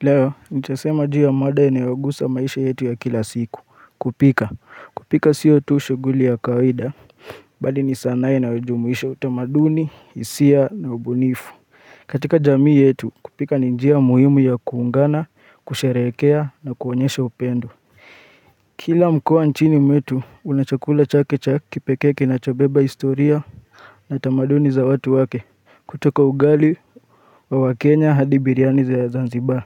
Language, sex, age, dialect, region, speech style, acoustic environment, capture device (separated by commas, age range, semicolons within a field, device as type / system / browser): Swahili, male, 25-34, Kenya - Nairobi Sheng-influenced, Kenya, focused, nature, mobile / Linux / Chrome